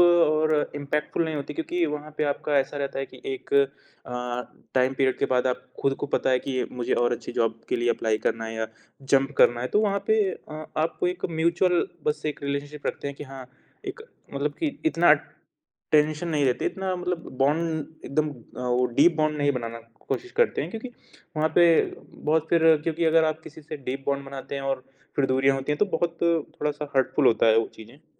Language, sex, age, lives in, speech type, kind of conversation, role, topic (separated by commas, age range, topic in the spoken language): Hindi, male, 20-24, India, conversation, podcast, guest, Jab tum kisi ki baat sunte ho, toh tum kaise dikhate ho ki tumhe sach mein dilchaspi hai?